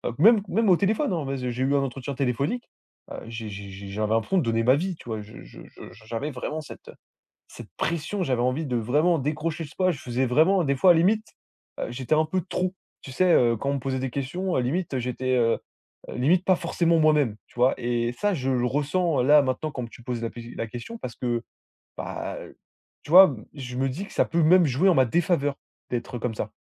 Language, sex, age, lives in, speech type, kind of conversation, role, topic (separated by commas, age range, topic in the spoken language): French, male, 20-24, France, conversation, advice, user, Pression pour réussir rapidement après les études